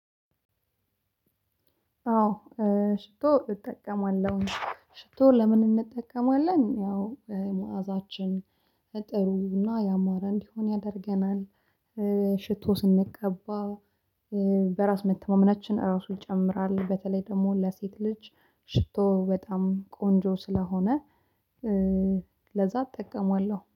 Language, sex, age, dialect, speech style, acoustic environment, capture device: Amharic, female, 25-34, Ethiopia - Addis Ababa, happy; excited, silence, mobile / Linux / Chrome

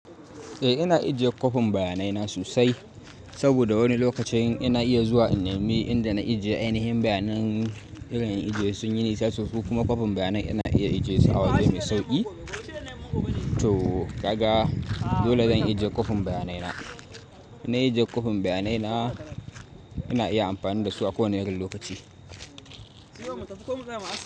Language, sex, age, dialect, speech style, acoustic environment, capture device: Hausa, male, 18-24, Nigeria - Kano, focused, nature, mobile / Linux / Chrome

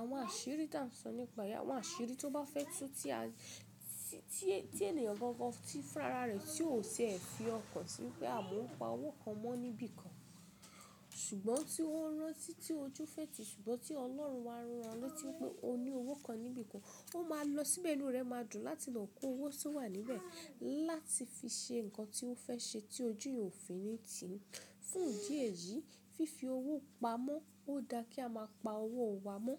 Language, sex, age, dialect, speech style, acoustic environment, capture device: Yoruba, female, 25-34, Nigeria - Ibadan, relaxed, people talking, mobile / Linux / Chrome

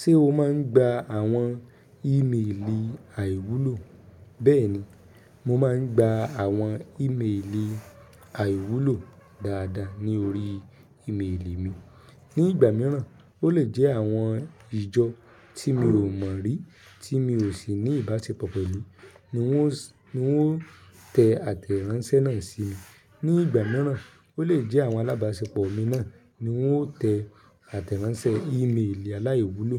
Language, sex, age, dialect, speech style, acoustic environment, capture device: Yoruba, male, 25-34, Nigeria - Ibadan, happy, people talking; silence, mobile / Linux / Chrome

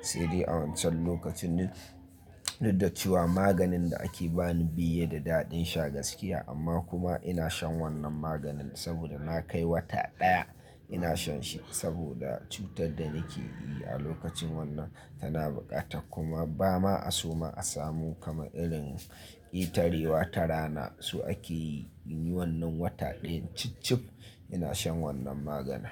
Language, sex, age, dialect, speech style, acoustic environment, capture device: Hausa, male, 25-34, Nigeria - Nigerian Standard, nervous, silence, mobile / Linux / Chrome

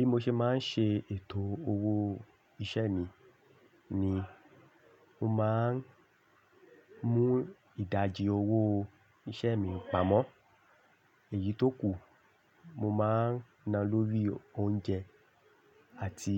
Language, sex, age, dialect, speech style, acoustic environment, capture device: Yoruba, male, 25-34, Nigeria - Lagos Yoruba, happy, silence, desktop / Linux / Chrome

